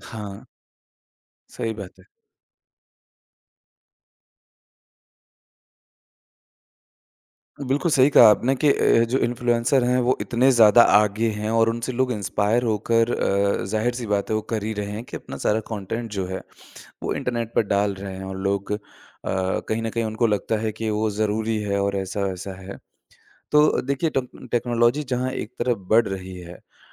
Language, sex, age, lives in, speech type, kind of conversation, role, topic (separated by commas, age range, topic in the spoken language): Hindi, male, 25-29, India, conversation, podcast, host, इंटरनेट पर गलत जानकारी पहचानने का तरीका क्या है?